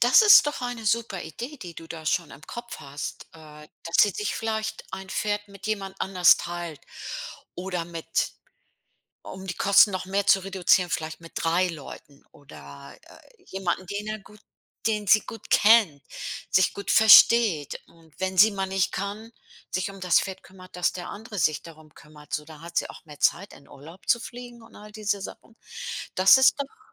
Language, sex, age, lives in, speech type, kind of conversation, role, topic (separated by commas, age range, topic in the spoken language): German, female, 65-69, United States, conversation, advice, advisor, Wie kann ich Konflikte mit meinem Partner wegen unterschiedlicher Ausgabengewohnheiten lösen?